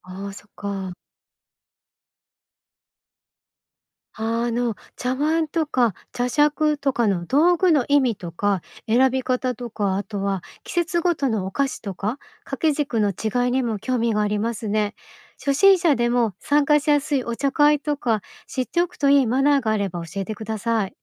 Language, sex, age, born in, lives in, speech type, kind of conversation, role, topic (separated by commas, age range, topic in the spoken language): Japanese, female, 50-54, Japan, Japan, read, expert-assistant, asker, 仏教と神道、現代社会で身近に感じるのはどちら？